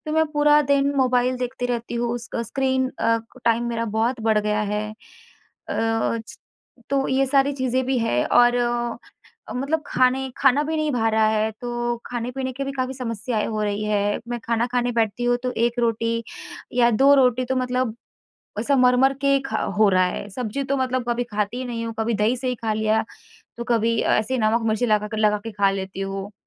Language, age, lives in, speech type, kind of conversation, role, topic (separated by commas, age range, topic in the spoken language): Hindi, 25-29, India, conversation, advice, user, आपको कितनी बार और किस तरह के दुःस्वप्न या भयावह सपनों से नींद खुलती है?